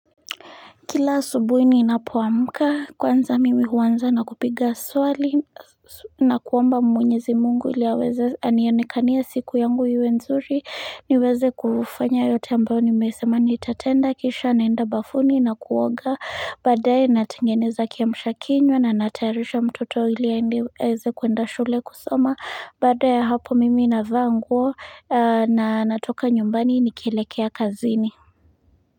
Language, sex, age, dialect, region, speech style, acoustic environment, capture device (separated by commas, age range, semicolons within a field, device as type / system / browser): Swahili, female, 25-34, Kenya - Nairobi Sheng-influenced, Kenya, happy, nature, mobile / Linux / Chrome